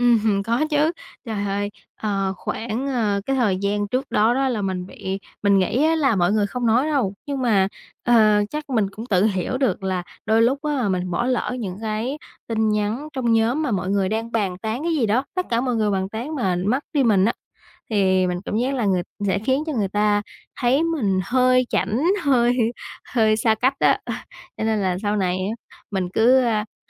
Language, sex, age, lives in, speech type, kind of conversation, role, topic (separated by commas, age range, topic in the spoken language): Vietnamese, female, 20-24, Vietnam, conversation, podcast, guest, Làm sao để giảm bớt thông báo mà vẫn không bỏ lỡ những điều quan trọng?